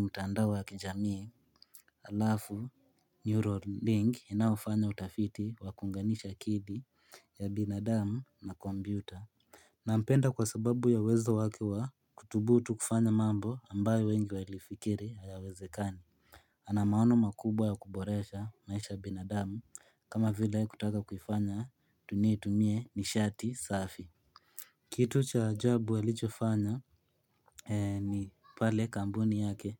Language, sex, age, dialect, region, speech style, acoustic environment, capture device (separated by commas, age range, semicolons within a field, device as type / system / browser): Swahili, male, 18-24, Kenya - Nairobi Sheng-influenced, Kenya, relaxed, people talking, mobile / Linux / Chrome